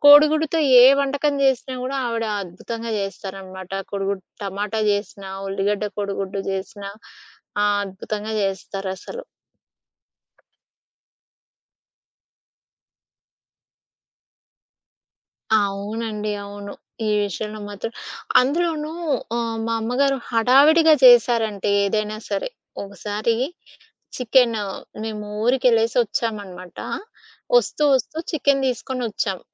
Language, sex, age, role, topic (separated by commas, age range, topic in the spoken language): Telugu, female, 30-34, guest, చిన్నప్పటి ఇంటివంటల్లో మీకు అత్యంత ఇష్టమైన వంటకం ఏది?